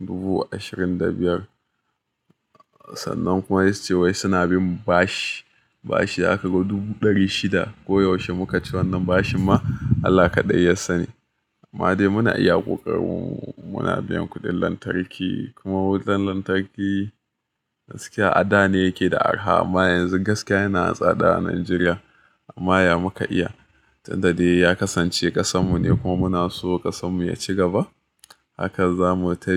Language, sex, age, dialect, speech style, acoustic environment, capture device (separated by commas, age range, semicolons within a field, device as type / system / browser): Hausa, male, 25-34, Nigeria - Nigerian Standard, happy, music; appliances, mobile / Linux / Chrome